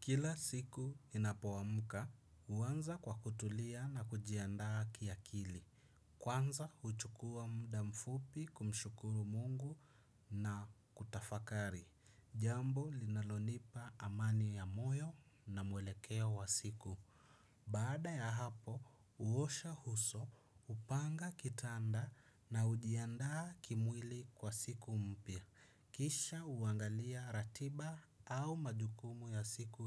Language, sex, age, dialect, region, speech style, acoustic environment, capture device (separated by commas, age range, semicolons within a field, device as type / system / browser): Swahili, male, 25-34, Kenya - Mombasa, Kenya, relaxed, silence, desktop / Windows / Chrome